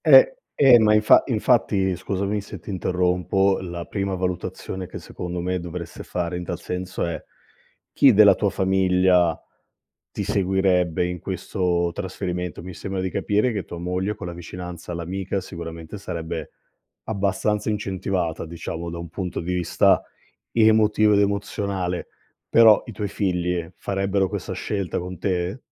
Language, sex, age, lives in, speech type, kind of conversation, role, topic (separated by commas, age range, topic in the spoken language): Italian, male, 35-39, Italy, conversation, advice, advisor, Come affrontare la scelta di trasferirsi per lavoro se questo rischia di dividere la famiglia?